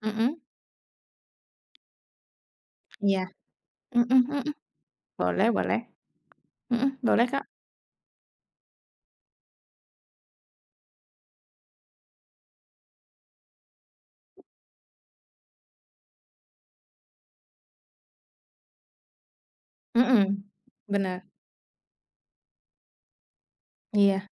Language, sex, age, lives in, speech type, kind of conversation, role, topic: Indonesian, female, 30-34, Indonesia, conversation, unstructured, none, Bagaimana teknologi mengubah cara kita berinteraksi secara sosial?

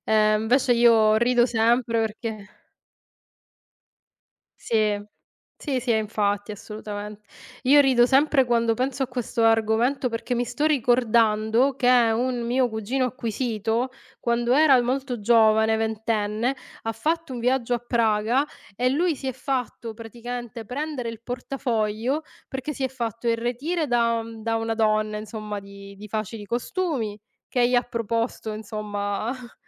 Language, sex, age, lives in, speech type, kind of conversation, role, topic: Italian, female, 35-39, Italy, conversation, unstructured, none, Come reagiresti se trovassi un portafoglio per strada?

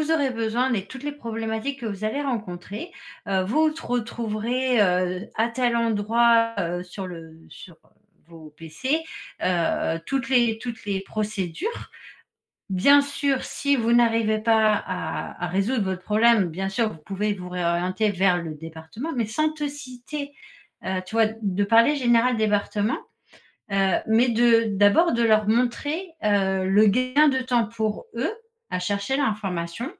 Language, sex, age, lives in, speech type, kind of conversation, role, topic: French, female, 40-44, France, conversation, advice, advisor, Comment les interruptions constantes de vos collègues ou des notifications affectent-elles votre travail ?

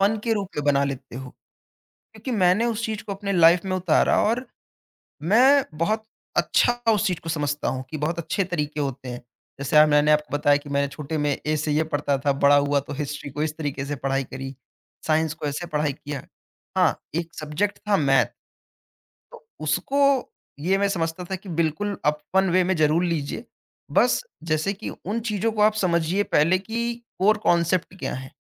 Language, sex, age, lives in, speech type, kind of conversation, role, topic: Hindi, male, 20-24, India, conversation, podcast, guest, Learning ko fun kaise banate ho?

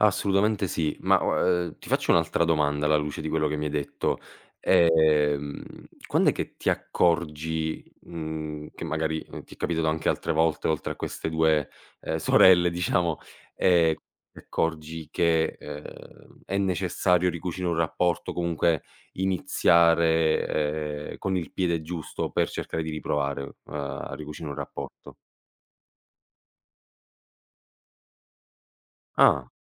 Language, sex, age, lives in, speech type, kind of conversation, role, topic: Italian, male, 25-29, Italy, conversation, podcast, host, Qual è il primo passo concreto per ricucire un rapporto?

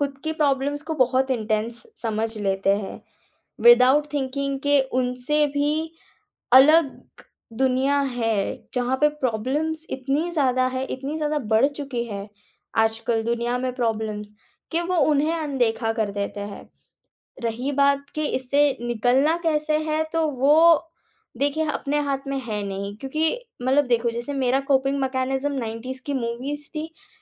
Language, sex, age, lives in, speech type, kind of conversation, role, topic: Hindi, female, 18-19, India, conversation, podcast, guest, Real problems bhoolne ke liye tum kya dekhte ho?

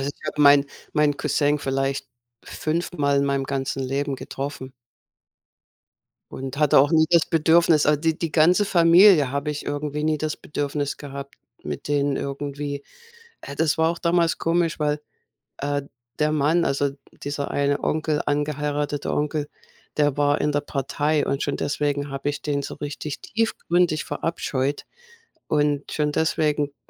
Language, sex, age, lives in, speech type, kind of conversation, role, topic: German, female, 55-59, United States, conversation, unstructured, none, Wie wichtig ist Familie in deinem Leben?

